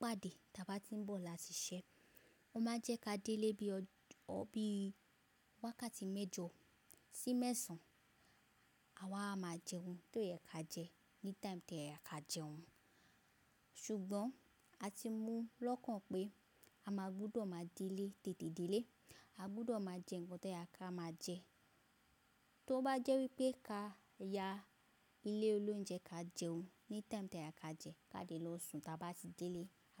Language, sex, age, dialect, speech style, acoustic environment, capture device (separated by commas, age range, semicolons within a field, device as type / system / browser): Yoruba, female, 25-34, Nigeria - Lagos Yoruba, focused; relaxed, silence, mobile / macOS / Safari